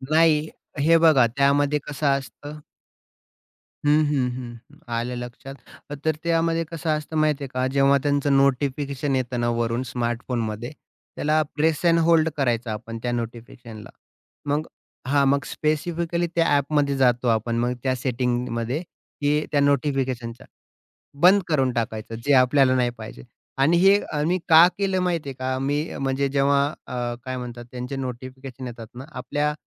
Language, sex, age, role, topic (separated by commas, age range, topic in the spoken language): Marathi, male, 30-34, guest, स्मार्टफोनवरील सूचना तुम्हाला किती त्रास देतात?